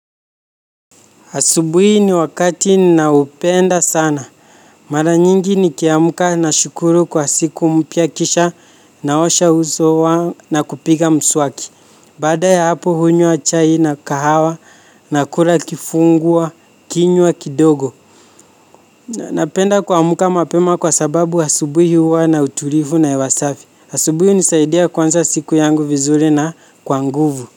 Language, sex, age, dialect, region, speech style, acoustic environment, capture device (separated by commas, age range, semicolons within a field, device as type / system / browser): Swahili, male, 18-24, Kenya - Nairobi Sheng-influenced, Kenya, focused; relaxed, nature; silence, mobile / Linux / Chrome